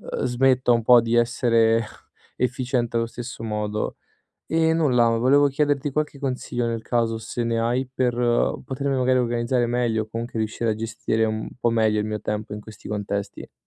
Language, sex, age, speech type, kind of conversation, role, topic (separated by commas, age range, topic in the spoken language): Italian, male, 25-29, conversation, advice, user, Come posso usare i vincoli di tempo per essere più creativo?